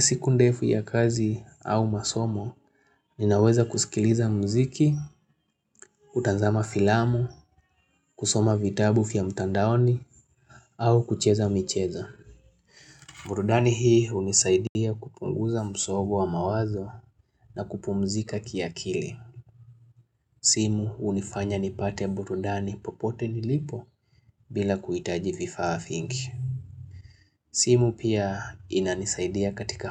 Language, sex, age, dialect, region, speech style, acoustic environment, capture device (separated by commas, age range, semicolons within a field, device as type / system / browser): Swahili, male, 25-34, Kenya - Nairobi Sheng-influenced, Kenya, happy; relaxed; focused, silence, mobile / Linux / Chrome